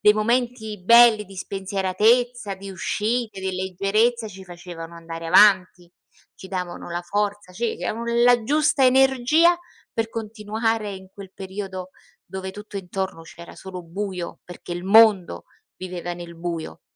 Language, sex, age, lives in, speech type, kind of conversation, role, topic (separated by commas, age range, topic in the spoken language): Italian, female, 55-59, Italy, conversation, unstructured, none, Qual è una storia del passato che ti fa sorridere?